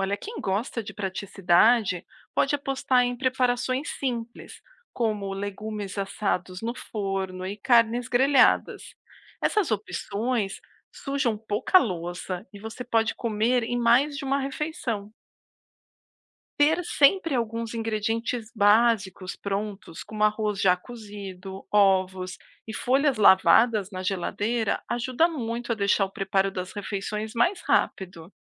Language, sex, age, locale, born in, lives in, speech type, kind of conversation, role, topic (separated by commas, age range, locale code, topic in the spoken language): Portuguese, female, 40-44, pt-BR, Brazil, United States, read, expert-assistant, expert, A relação entre alimentação saudável e desempenho escolar